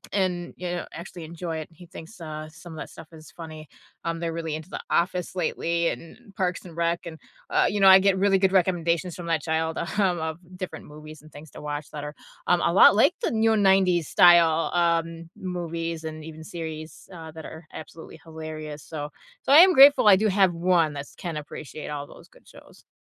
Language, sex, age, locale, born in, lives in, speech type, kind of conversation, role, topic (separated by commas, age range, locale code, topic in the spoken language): English, female, 35-39, en-US, United States, United States, conversation, unstructured, none, What was the last thing you binged, and would you recommend it?